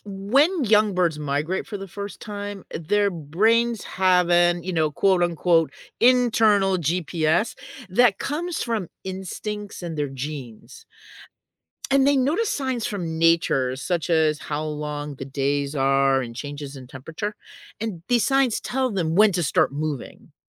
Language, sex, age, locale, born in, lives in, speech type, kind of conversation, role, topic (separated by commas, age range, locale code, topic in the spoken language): English, female, 60-64, en-US, United States, United States, read, expert-assistant, expert, How do birds navigate during long migrations?